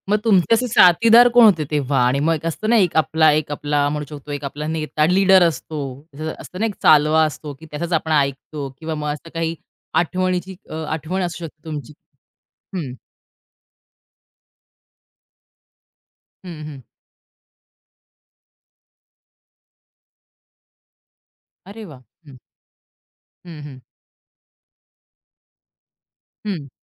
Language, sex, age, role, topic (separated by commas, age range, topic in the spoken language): Marathi, female, 30-34, host, लहानपणीच्या आवडत्या खेळाची एक आठवण सांगाल का?